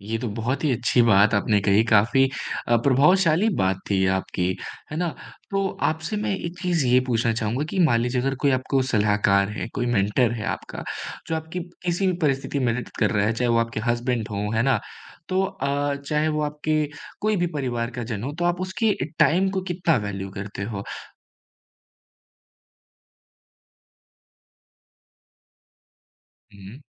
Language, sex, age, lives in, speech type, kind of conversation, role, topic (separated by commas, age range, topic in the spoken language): Hindi, male, 25-29, India, conversation, podcast, host, Mentorship mein trust build karne ke hints kya hain?